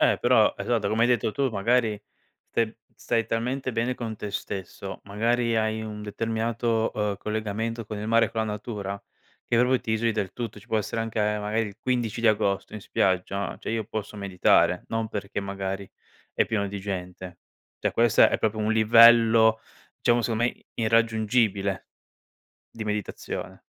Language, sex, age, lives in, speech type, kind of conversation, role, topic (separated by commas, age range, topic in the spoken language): Italian, male, 25-29, Italy, conversation, podcast, host, Preferisci il mare, il lago o il bosco per meditare?